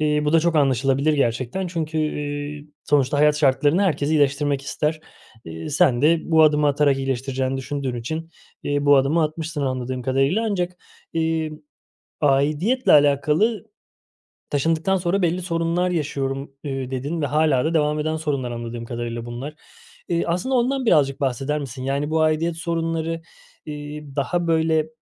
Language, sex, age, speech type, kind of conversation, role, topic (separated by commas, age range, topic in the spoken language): Turkish, male, 30-34, conversation, advice, advisor, Taşındıktan sonra kimlik ve aidiyet duygunuzun sarsıldığını hissediyor musunuz?